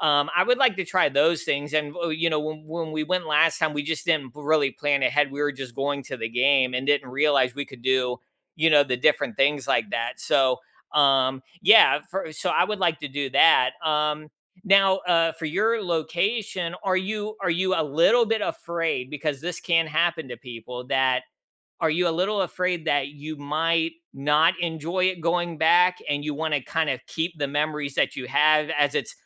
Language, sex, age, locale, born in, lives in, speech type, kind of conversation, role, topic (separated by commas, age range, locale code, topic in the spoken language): English, male, 35-39, en-US, United States, United States, conversation, unstructured, none, Which place would you return to again and again, and why?